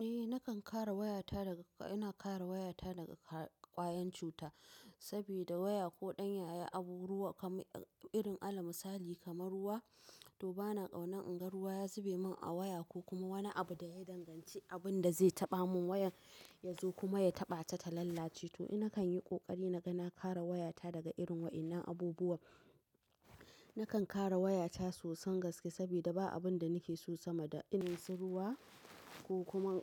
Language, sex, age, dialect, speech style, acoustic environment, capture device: Hausa, female, 25-34, Nigeria - Nigerian Standard, tired; focused, appliances; silence, mobile / macOS / Safari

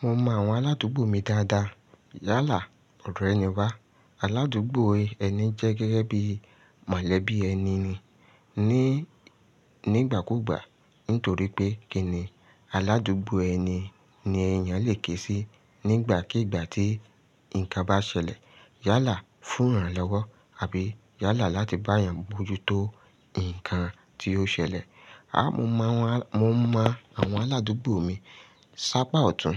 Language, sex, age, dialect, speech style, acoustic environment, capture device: Yoruba, male, 35-44, Nigeria - Lagos Yoruba, excited, nature; silence, mobile / Linux / Chrome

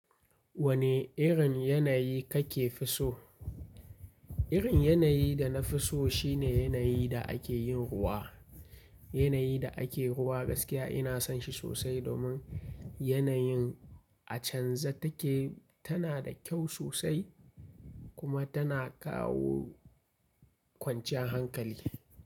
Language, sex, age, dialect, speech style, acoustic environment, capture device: Hausa, male, 25-34, Nigeria - Kano, focused; happy, nature; silence, mobile / Linux / Chrome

